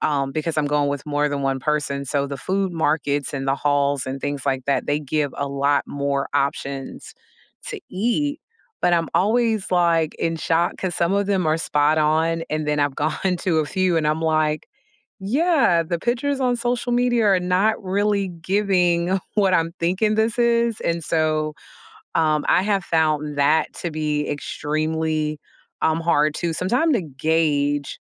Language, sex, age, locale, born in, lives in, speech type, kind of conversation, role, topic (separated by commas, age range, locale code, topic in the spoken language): English, female, 40-44, en-US, United States, United States, conversation, unstructured, none, How do you like to explore a new city?